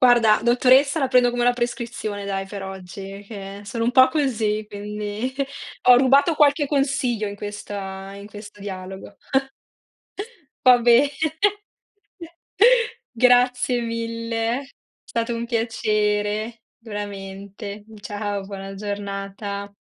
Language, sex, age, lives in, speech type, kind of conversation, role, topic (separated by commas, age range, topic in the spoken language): Italian, female, 30-34, Italy, conversation, unstructured, none, Qual è la cosa che ti fa arrabbiare di più nella vita quotidiana?